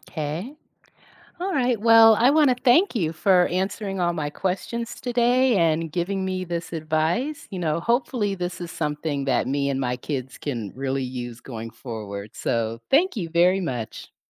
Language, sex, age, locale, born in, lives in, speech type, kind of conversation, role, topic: English, female, 55-59, en-US, United States, United States, read, expert-assistant, asker, What are the benefits of practicing good digital citizenship online?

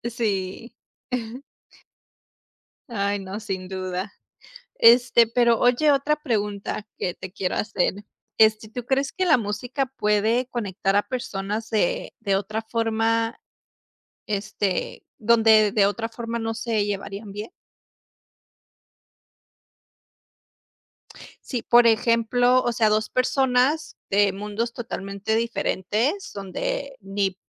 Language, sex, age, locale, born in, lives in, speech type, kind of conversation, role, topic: Spanish, female, 30-34, es-US, United States, United States, conversation, unstructured, none, ¿Qué papel juega la música en tu forma de expresarte?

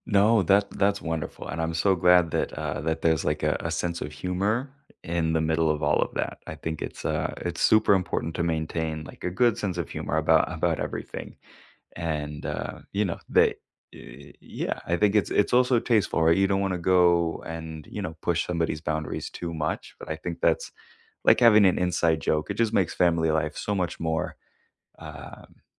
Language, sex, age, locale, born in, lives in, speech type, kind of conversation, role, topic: English, male, 25-29, en-US, United States, United States, conversation, unstructured, none, What is one item in your home that has a meaningful story you’re comfortable sharing, and why?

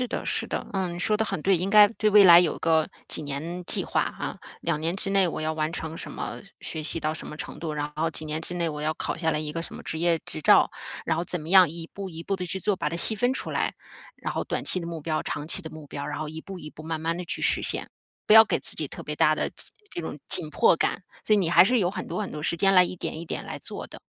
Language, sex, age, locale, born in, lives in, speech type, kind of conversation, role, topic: Chinese, female, 40-44, zh-CN, China, United States, conversation, advice, advisor, 我总因未知而焦虑紧张，该如何应对？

